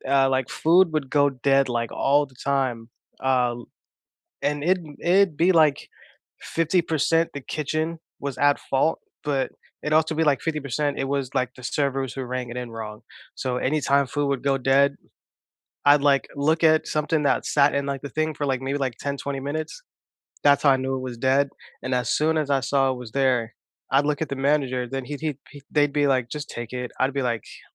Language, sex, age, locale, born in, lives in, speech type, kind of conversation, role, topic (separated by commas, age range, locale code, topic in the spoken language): English, male, 20-24, en-US, United States, United States, conversation, unstructured, none, What small joy unexpectedly brightened your week?